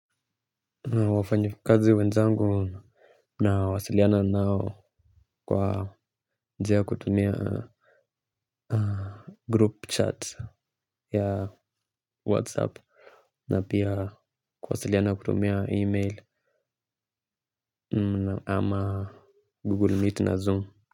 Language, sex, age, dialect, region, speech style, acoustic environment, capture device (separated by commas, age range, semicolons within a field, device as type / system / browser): Swahili, male, 25-34, Kenya - Nairobi Sheng-influenced, Kenya, relaxed, people talking, mobile / Linux / Chrome